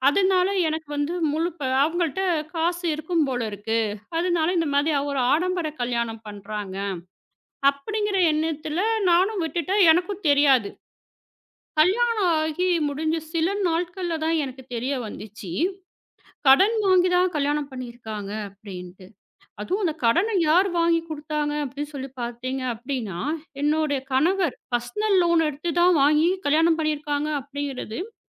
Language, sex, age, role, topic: Tamil, female, 35-39, guest, வீட்டில் பணம் பற்றிய உரையாடலை நீங்கள் எப்படி தொடங்கி நடத்துவீர்கள்?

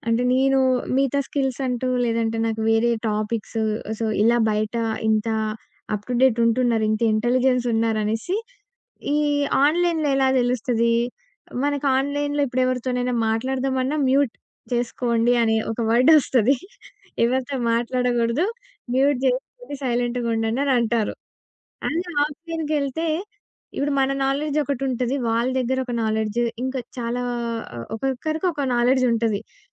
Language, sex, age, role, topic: Telugu, female, 20-24, guest, ఇతరులతో మరింత దగ్గరగా మమేకం కావడానికి ఫోన్‌కు దూరంగా పాటించాల్సిన మంచి అలవాట్లు ఏవి?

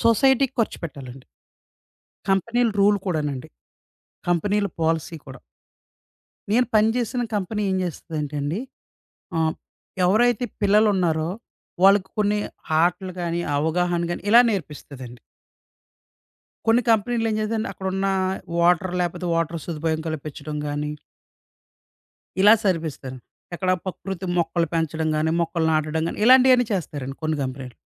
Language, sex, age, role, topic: Telugu, male, 30-34, guest, ప్రకృతిని గమనించడం ద్వారా నీటి విలువ గురించి మనం ఏమి నేర్చుకోవచ్చు?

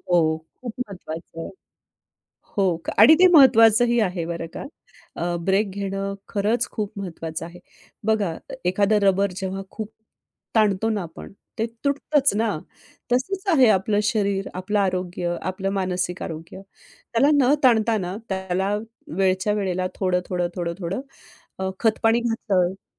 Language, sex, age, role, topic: Marathi, female, 45-49, guest, आरोग्य आणि करिअरमध्ये तुम्ही कसा समतोल राखता?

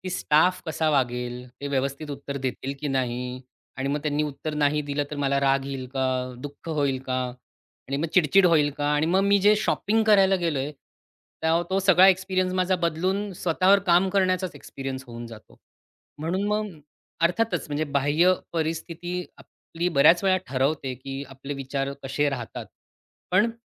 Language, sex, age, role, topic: Marathi, male, 40-44, guest, नकारात्मक विचार तुम्ही कसे बदलता?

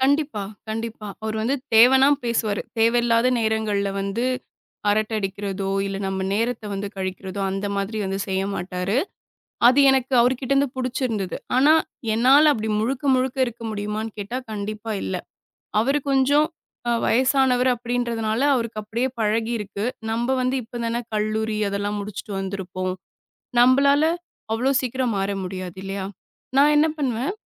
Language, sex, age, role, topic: Tamil, female, 25-29, guest, ஒரு புதிய குழுமத்தில் நம்பிக்கை உருவாக்க, நீங்கள் முதலில் என்ன செய்வீர்கள்?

